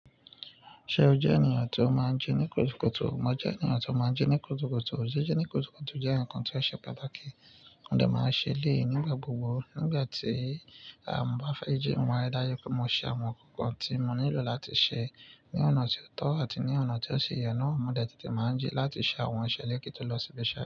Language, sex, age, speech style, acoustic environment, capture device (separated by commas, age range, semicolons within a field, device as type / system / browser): Yoruba, male, 25-34, focused, nature, mobile / Linux / Chrome